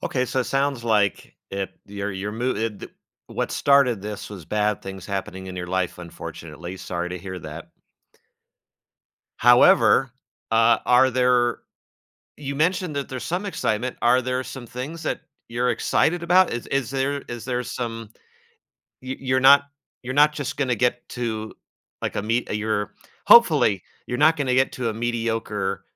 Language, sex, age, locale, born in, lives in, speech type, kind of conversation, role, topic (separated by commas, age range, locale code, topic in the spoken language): English, male, 40-44, en-US, United States, United States, conversation, podcast, host, How do you approach goals that challenge and inspire you at the same time?